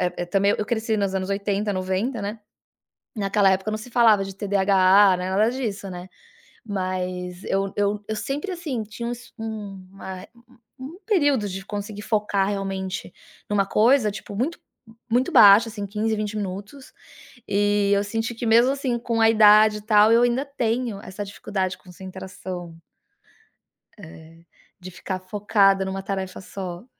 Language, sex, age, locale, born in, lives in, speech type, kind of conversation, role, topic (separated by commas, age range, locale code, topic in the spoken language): Portuguese, female, 40-44, pt-BR, Brazil, United States, conversation, advice, user, Como posso manter o foco por períodos mais longos?